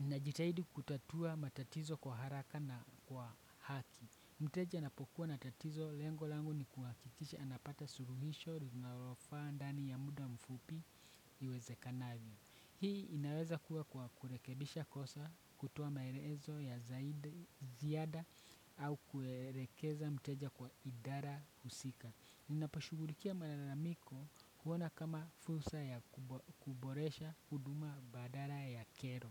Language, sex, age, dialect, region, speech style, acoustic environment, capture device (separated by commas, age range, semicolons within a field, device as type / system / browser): Swahili, male, 25-34, Kenya - Nairobi Sheng-influenced, Kenya, excited, nature, desktop / Windows / Chrome